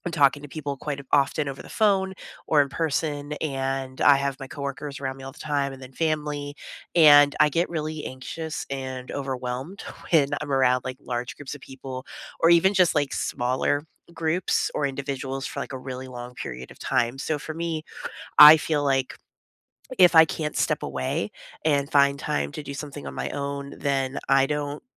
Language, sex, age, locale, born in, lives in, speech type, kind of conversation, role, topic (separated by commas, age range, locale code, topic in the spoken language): English, female, 35-39, en-US, United States, United States, conversation, unstructured, none, How do you recharge after a long week—by spending time with others or by being on your own?